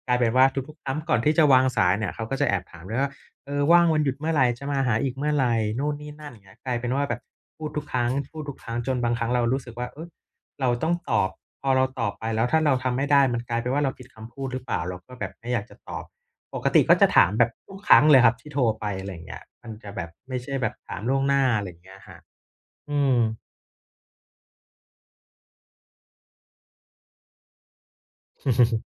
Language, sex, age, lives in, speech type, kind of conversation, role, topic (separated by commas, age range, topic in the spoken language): Thai, male, 30-34, Thailand, conversation, advice, user, จะรับมือกับความกดดันระหว่างวันหยุดกับครอบครัวอย่างไร?